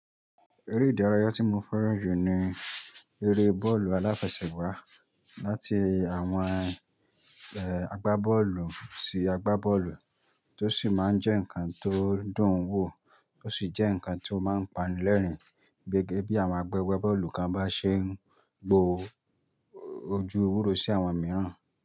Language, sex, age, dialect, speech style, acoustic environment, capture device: Yoruba, male, 25-34, Nigeria - Nigerian Standard, happy, silence, mobile / Linux / Chrome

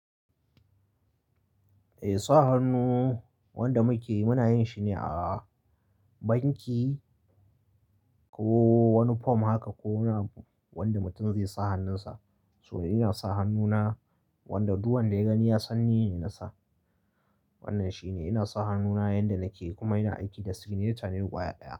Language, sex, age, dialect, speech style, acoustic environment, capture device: Hausa, male, 25-34, Nigeria - Kano, happy, silence, mobile / Linux / Chrome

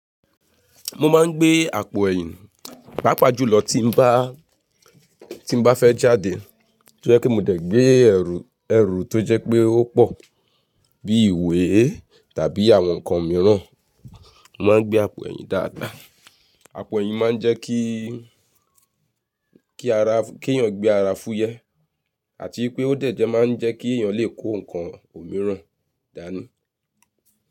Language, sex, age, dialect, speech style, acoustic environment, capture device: Yoruba, female, 35-44, Nigeria - Nigerian Standard, focused, silence, mobile / Linux / Chrome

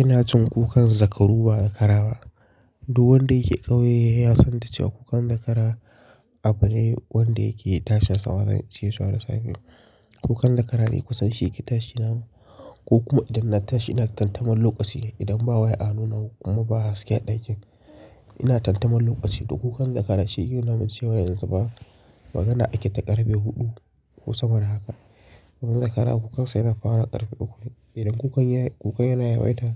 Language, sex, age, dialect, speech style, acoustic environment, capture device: Hausa, male, 25-34, Nigeria - Kano, relaxed; excited, silence; nature, mobile / Linux / Chrome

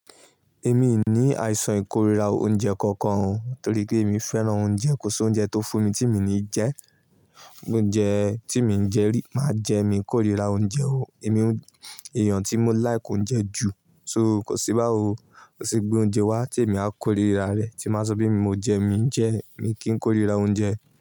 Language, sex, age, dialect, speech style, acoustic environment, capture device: Yoruba, male, 18-24, Nigeria - Ibadan, focused, nature, mobile / Linux / Chrome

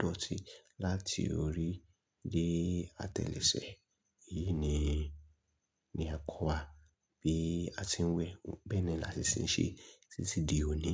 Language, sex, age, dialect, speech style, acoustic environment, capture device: Yoruba, male, 25-34, Nigeria - Lagos Yoruba, nervous; excited; focused; relaxed, silence; people talking; nature; traffic, mobile / Linux / Chrome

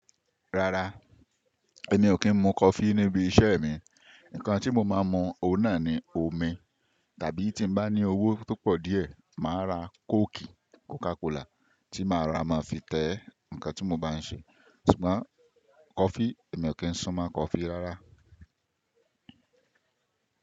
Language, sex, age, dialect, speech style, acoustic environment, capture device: Yoruba, male, 25-34, Nigeria - Ibadan, relaxed; happy; focused, silence, desktop / Windows / Chrome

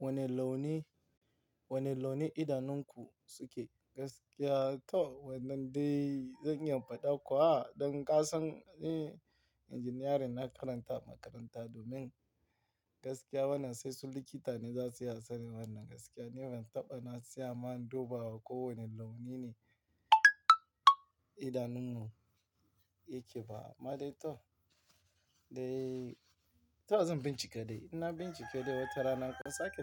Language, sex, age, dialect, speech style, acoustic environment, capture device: Hausa, male, 18-24, Nigeria - Lagos Yoruba, relaxed; tired, nature, mobile / Linux / Chrome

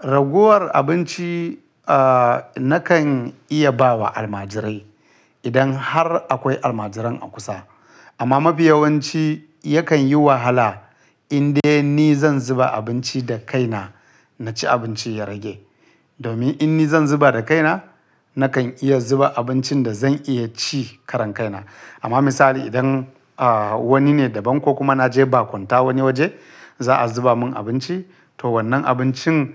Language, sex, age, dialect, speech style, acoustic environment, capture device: Hausa, male, 35-44, Nigeria - Kano, relaxed, silence, mobile / Linux / Chrome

